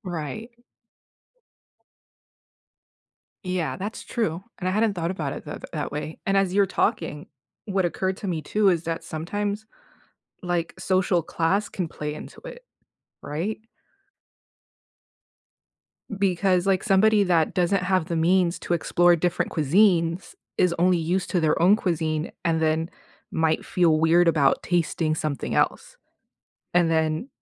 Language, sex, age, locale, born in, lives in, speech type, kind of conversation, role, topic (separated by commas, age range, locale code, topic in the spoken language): English, female, 35-39, en-US, United States, United States, conversation, unstructured, none, Why do people care so much about what others eat?